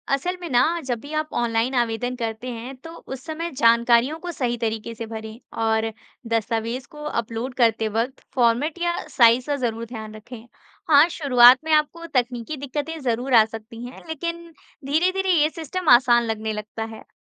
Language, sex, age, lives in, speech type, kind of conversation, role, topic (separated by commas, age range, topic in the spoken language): Hindi, female, 20-24, India, read, expert-assistant, expert, सरकारी दफ्तरों में दस्तावेज़ी प्रक्रिया को आसान और तेज़ कैसे बनाया जा सकता है?